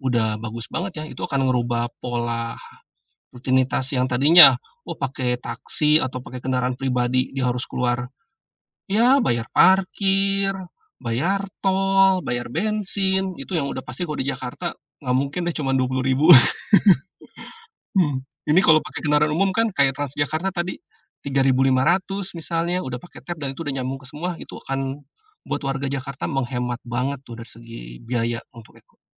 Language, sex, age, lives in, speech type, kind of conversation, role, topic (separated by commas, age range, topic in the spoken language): Indonesian, male, 45-49, Indonesia, conversation, podcast, guest, Kalau soal transportasi, teknologi apa yang bakal mengubah rutinitas kita?